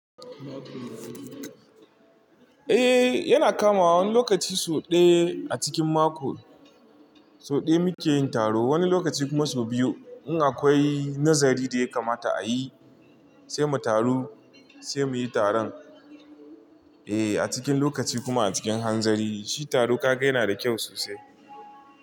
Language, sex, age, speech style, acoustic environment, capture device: Hausa, male, 25-34, relaxed, nature, mobile / Linux / Chrome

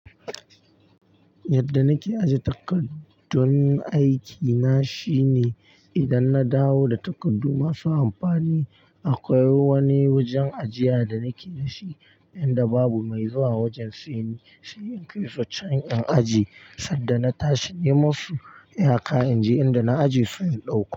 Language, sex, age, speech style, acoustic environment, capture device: Hausa, male, 18-24, focused; tired, silence, mobile / Linux / Chrome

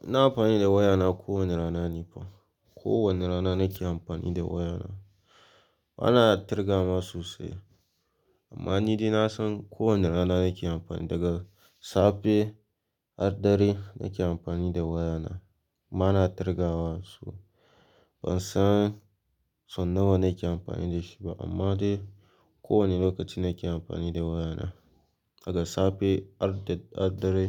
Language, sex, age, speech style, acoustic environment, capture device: Hausa, male, 18-24, relaxed; focused, silence, mobile / Linux / Chrome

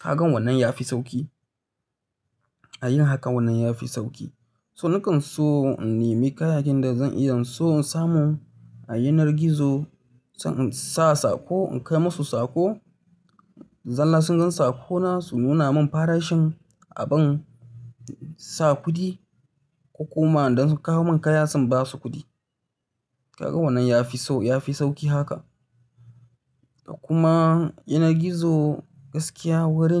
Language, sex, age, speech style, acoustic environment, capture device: Hausa, male, 25-34, relaxed, silence, mobile / Linux / Chrome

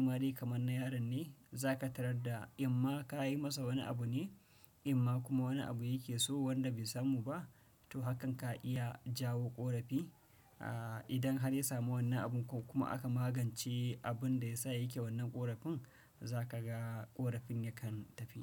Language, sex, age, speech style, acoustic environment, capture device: Hausa, male, 35-44, relaxed; tired; anxious; happy; focused; excited; nervous; stressed, nature; people talking; appliances, desktop / Windows / Chrome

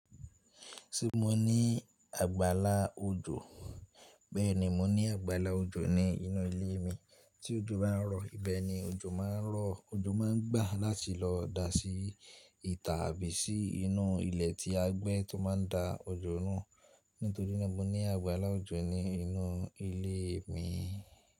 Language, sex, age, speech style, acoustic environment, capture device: Yoruba, male, 18-24, stressed, silence; appliances, mobile / Linux / Chrome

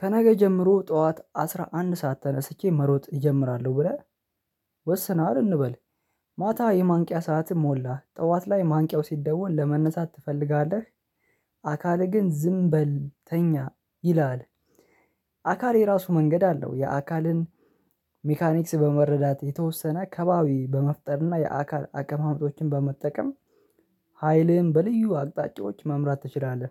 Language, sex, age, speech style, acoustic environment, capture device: Amharic, male, 25-34, relaxed, silence, mobile / Linux / Chrome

